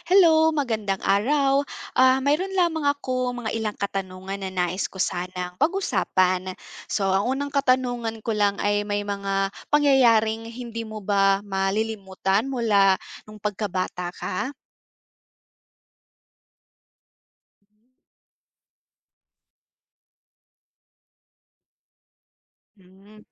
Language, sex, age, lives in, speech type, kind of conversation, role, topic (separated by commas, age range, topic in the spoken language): Filipino, female, 25-29, Philippines, conversation, unstructured, none, May pangyayaring mula sa iyong pagkabata na hindi mo malilimutan?